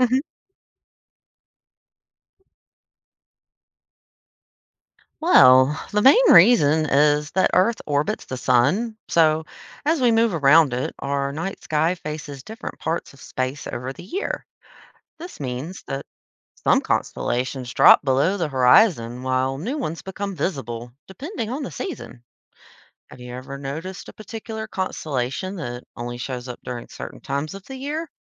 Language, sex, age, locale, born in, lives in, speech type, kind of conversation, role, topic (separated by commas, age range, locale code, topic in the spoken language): English, female, 30-34, en-US, United States, United States, read, expert-assistant, expert, Why do we see different constellations in different seasons?